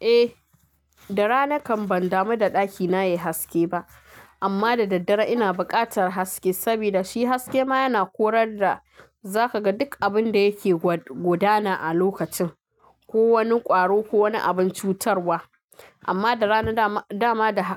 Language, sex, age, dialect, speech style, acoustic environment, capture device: Hausa, female, 25-34, Nigeria - Kano, happy, music, mobile / Linux / Chrome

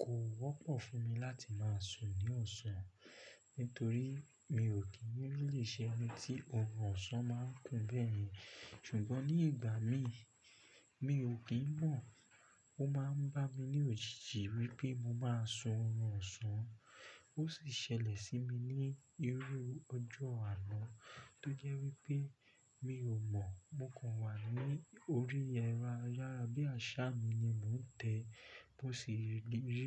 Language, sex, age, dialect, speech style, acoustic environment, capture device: Yoruba, male, 25-34, Nigeria - Lagos Yoruba, focused, silence; nature, desktop / Linux / Chrome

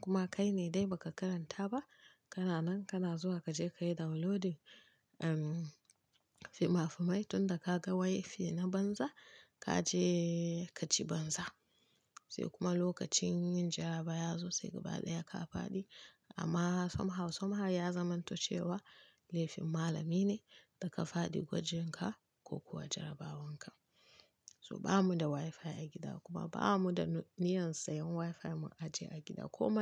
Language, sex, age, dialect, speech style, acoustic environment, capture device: Hausa, female, 25-34, Nigeria - Kano, happy; focused, nature, mobile / Linux / Chrome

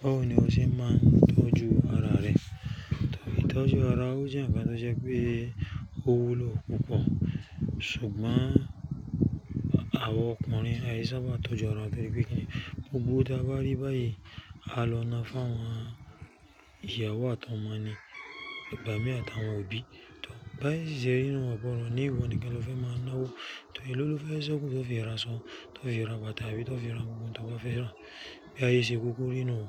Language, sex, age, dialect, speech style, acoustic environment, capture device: Yoruba, male, 35-44, Nigeria - Lagos Yoruba, excited, nature, mobile / Linux / Chrome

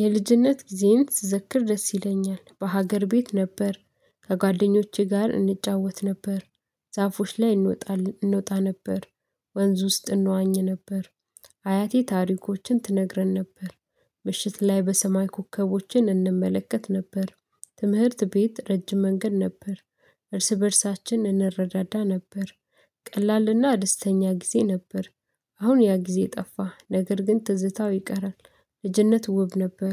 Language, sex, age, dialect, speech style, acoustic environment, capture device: Amharic, female, 25-34, Ethiopia - Addis Ababa, excited; focused, silence, mobile / Linux / Chrome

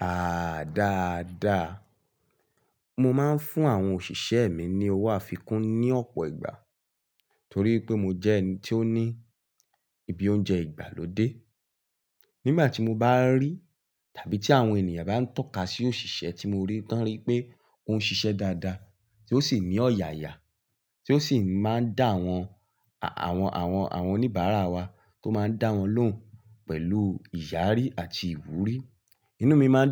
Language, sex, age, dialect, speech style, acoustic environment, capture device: Yoruba, male, 18-24, Nigeria - Ibadan, relaxed; focused, silence, mobile / Linux / Chrome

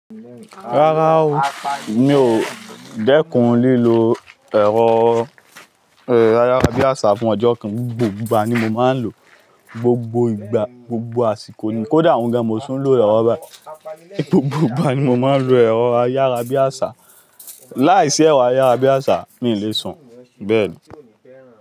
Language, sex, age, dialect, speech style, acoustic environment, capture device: Yoruba, male, 25-34, Nigeria - Lagos Yoruba, focused; anxious, people talking, mobile / Linux / Chrome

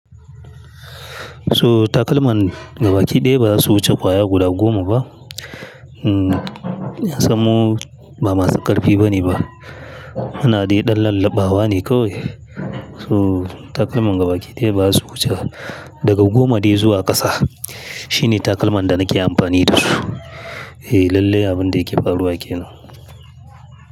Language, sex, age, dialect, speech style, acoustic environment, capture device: Hausa, male, 35-44, Nigeria - Kano, happy, silence, mobile / Linux / Chrome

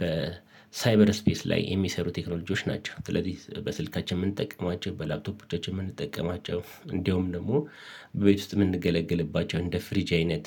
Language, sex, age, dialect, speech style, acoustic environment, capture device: Amharic, male, 45-54, Ethiopia - Addis Ababa, relaxed, silence, mobile / Linux / Chrome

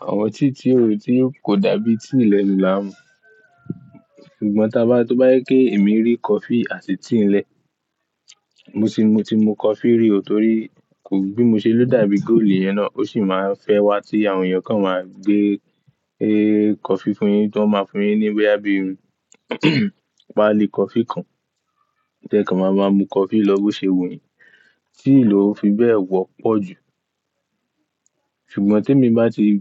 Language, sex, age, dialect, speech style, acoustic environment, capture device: Yoruba, male, 18-24, Nigeria - Ibadan, excited, silence, mobile / Linux / Chrome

